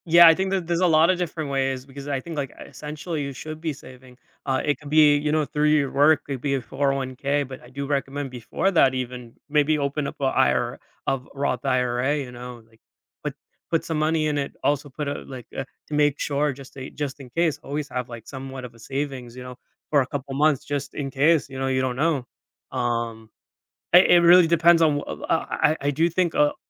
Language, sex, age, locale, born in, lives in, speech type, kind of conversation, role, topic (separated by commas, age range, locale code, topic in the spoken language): English, male, 25-29, en-US, United States, United States, conversation, podcast, guest, What are the best ways to prepare financially for major life expenses?